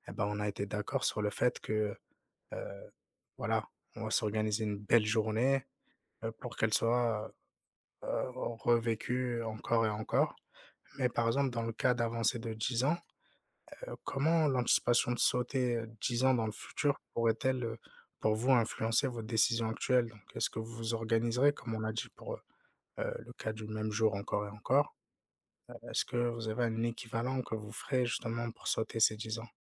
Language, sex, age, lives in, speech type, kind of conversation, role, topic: French, male, 30-34, France, conversation, unstructured, none, Préféreriez-vous revivre le même jour indéfiniment ou faire un bond de dix ans dans le futur ?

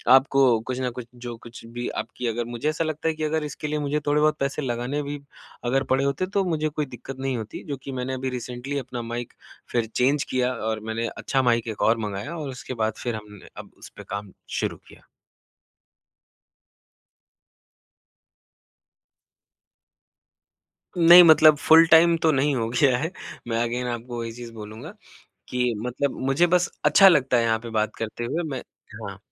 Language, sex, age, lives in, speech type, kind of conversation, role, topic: Hindi, male, 25-29, India, conversation, podcast, guest, Aapko kab pata chala ki aapka side hustle full-time naukri ban sakta hai?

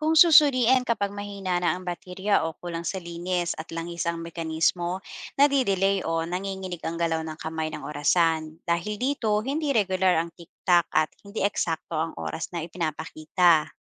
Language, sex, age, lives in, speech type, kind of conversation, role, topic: Filipino, female, 40-44, Philippines, read, expert-assistant, expert, Paano gumagana ang mga orasan sa pagsukat ng oras?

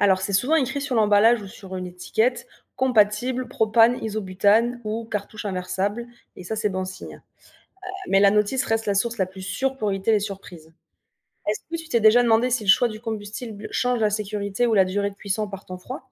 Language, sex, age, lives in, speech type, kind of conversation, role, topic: French, female, 25-29, France, read, expert-assistant, expert, Comment savoir si un problème lors d’une sortie nature vient du matériel, de l’environnement ou de l’utilisateur ?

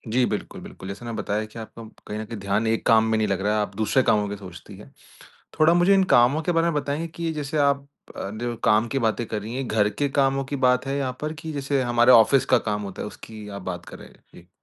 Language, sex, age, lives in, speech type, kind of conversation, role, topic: Hindi, male, 25-29, India, conversation, advice, advisor, विचलनों से कैसे निपटें ताकि लंबे समय तक ध्यान केंद्रित रह सकूँ?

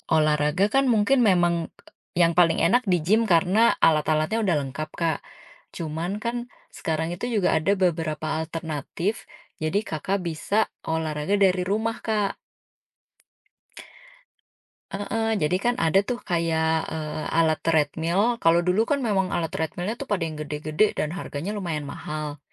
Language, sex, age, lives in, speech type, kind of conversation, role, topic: Indonesian, female, 35-39, Indonesia, conversation, advice, advisor, Mengapa saya merasa bersalah karena melewatkan sesi olahraga yang sudah direncanakan?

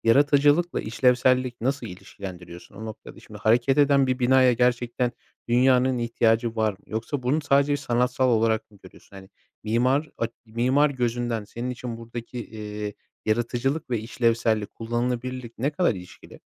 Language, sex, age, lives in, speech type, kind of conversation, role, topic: Turkish, male, 25-29, Poland, conversation, podcast, host, Yaratıcı tıkanıklığını aşmak için neler yaparsın, hangi ipuçlarını önerirsin?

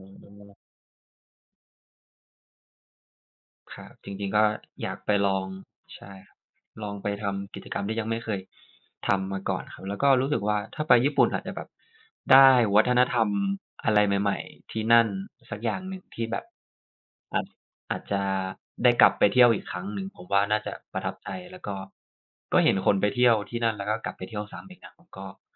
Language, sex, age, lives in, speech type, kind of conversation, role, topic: Thai, male, 25-29, Thailand, conversation, unstructured, none, คุณพิจารณาปัจจัยใดบ้างเมื่อต้องเลือกสถานที่ท่องเที่ยว?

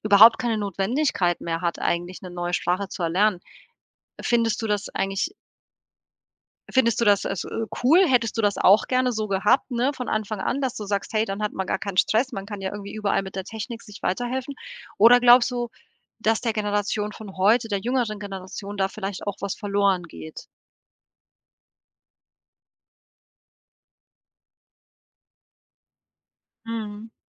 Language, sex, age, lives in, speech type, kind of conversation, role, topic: German, female, 40-44, Portugal, conversation, podcast, host, Wie gehst du mit Sprachbarrieren bei Fremden um?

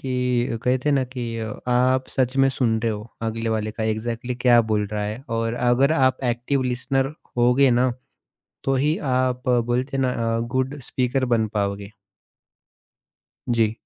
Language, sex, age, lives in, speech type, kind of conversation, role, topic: Hindi, male, 30-34, India, conversation, podcast, guest, Sunna aur samajhna trust banane mein kitne zaroori hote hain?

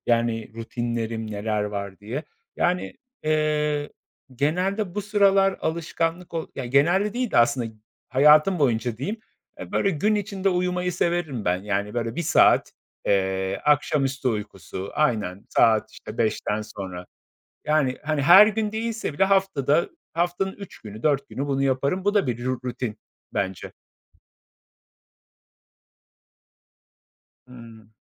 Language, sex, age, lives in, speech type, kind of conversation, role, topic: Turkish, male, 35-39, Poland, conversation, unstructured, none, Her gün mutlaka yaptığınız küçük ama önemli bir alışkanlık nedir?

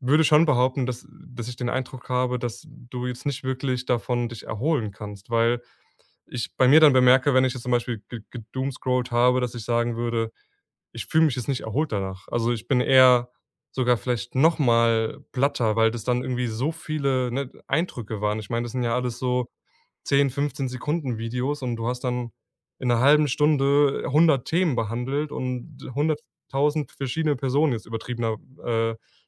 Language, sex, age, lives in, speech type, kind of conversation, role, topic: German, male, 20-24, Germany, conversation, podcast, guest, Wie entspannst du dich ohne Technik?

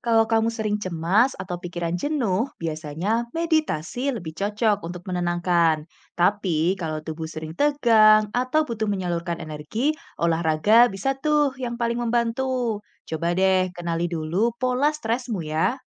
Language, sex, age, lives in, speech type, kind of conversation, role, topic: Indonesian, female, 25-29, Indonesia, read, expert-assistant, expert, Metode mana yang lebih efektif untuk mengelola stres: meditasi mindfulness atau olahraga rutin?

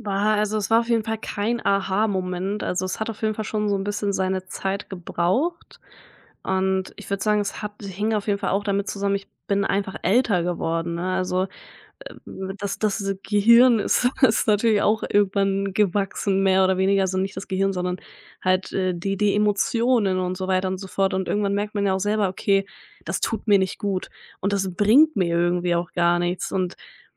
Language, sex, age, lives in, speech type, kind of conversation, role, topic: German, female, 20-24, Germany, conversation, podcast, guest, Wie hast du dir eine Fähigkeit vollständig selbst beigebracht?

